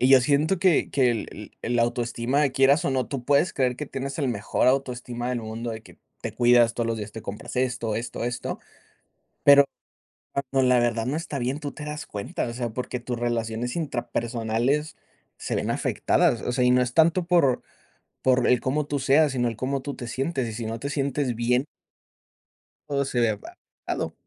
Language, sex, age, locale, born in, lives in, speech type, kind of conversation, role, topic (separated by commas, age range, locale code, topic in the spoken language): Spanish, male, 25-29, es-MX, Mexico, United States, conversation, unstructured, none, ¿Qué significa para ti tener una buena autoestima?